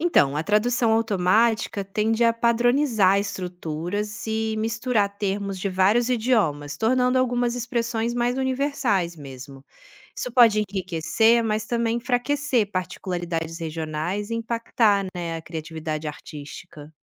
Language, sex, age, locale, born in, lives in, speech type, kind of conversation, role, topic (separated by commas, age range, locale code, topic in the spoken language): Portuguese, female, 35-39, pt-BR, Brazil, Italy, read, expert-assistant, expert, Se as tecnologias de tradução simultânea se tornassem perfeitas e universais, as pessoas ainda sentiriam necessidade de preservar suas línguas nativas? Por quê?